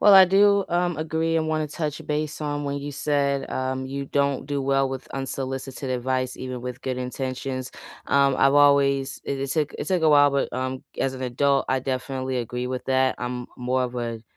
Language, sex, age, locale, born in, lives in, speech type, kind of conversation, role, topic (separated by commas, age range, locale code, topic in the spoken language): English, female, 30-34, en-US, United States, United States, conversation, unstructured, none, How do you ask for help and offer support to others?